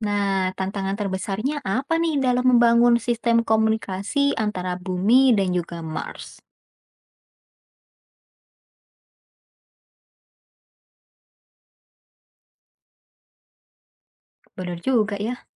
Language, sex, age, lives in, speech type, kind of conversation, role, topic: Indonesian, female, 20-24, Indonesia, read, expert-assistant, asker, Jika kita harus memilih antara eksplorasi Mars, Bulan, atau asteroid sebagai prioritas utama dalam eksplorasi luar angkasa, mana yang sebaiknya menjadi fokus pertama dan mengapa?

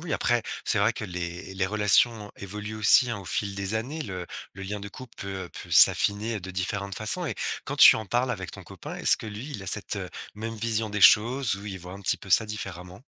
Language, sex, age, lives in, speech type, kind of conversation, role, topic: French, male, 35-39, France, conversation, podcast, host, Comment préserver la relation de couple quand on a des enfants ?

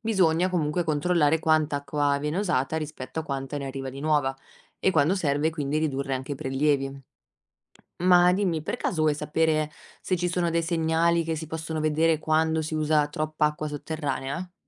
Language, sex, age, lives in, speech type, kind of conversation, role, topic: Italian, female, 25-29, Italy, read, expert-assistant, expert, Come funziona il ciclo dell’acqua?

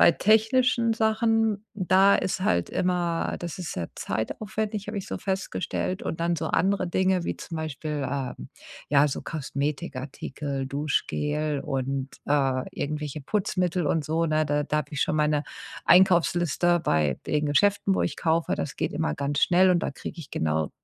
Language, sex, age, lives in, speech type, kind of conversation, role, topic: German, female, 50-54, United States, conversation, advice, user, Wie kann ich beim Online-Shopping Fehlkäufe vermeiden?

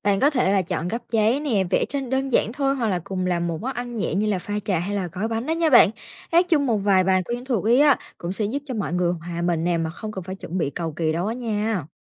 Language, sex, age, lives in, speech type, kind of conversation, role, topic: Vietnamese, female, 18-19, Vietnam, read, expert-assistant, expert, Nếu muốn phòng tránh bệnh cho cả khu phố, chúng ta có thể nghĩ ra những sáng kiến gì?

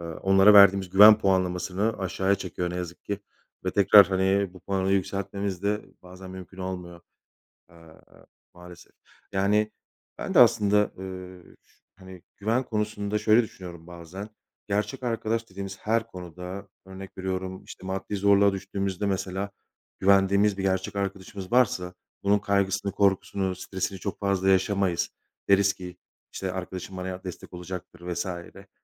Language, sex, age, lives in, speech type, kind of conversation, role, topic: Turkish, male, 35-39, Poland, conversation, unstructured, none, Senin için gerçek arkadaşlık ne anlama geliyor?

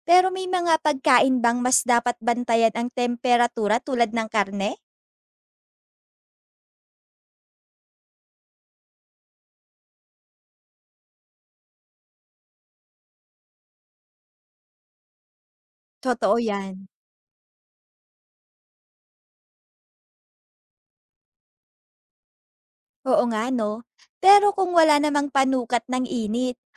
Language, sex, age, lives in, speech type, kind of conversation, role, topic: Filipino, female, 20-24, Philippines, read, expert-assistant, asker, Paano Pagsasamahin ang Tradisyonal at Modernong Paraan para sa Ligtas na Pagluluto?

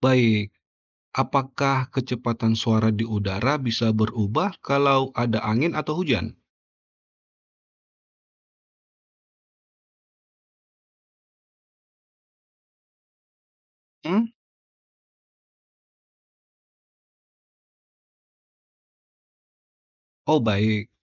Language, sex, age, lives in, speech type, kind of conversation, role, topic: Indonesian, male, 35-39, Indonesia, read, expert-assistant, asker, Bagaimana suara bisa bergerak melalui udara?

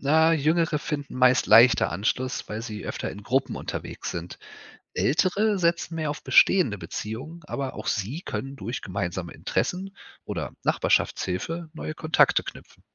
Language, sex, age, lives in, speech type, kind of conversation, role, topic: German, male, 35-39, Germany, read, expert-assistant, expert, Was macht einen Ort für dich zu einem Zuhause – und kann sich dieses Gefühl verändern?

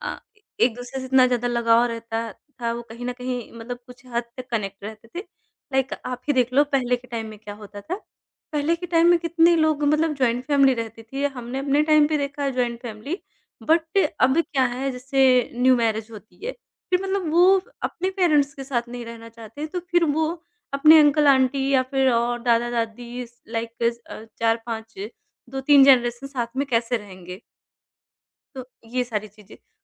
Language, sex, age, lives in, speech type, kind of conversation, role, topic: Hindi, male, 30-34, India, conversation, podcast, guest, Dinner table par tumhare ghar mein mobile phone use karne ka kya niyam hai?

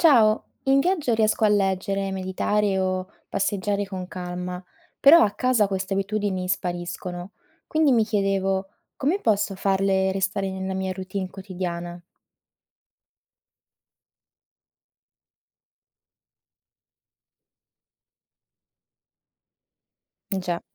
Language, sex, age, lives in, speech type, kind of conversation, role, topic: Italian, female, 25-29, Italy, read, expert-assistant, asker, Quali abitudini posso sviluppare durante i viaggi per migliorare la mia produttività e il mio benessere anche una volta tornato a casa?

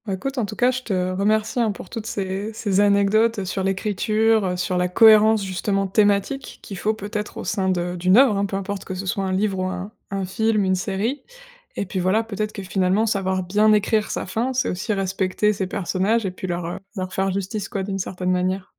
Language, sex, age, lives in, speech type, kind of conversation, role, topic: French, female, 25-29, France, conversation, podcast, host, Comment concevoir une fin satisfaisante sans tout expliquer ?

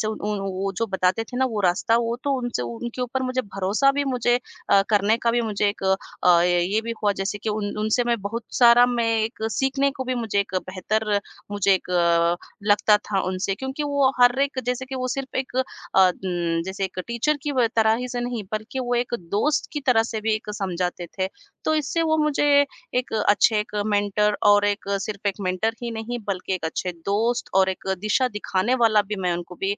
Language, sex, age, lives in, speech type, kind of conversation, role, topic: Hindi, female, 40-44, India, conversation, podcast, guest, आपने अपना पहला मेंटर कैसे ढूँढा?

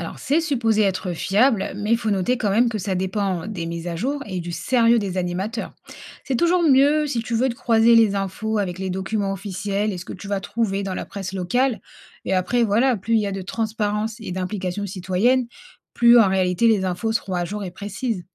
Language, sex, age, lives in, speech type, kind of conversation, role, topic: French, female, 30-34, France, read, expert-assistant, expert, Que peut-on observer dans son quartier ou sa ville qui montre si le système politique marche ou non pour les habitants ?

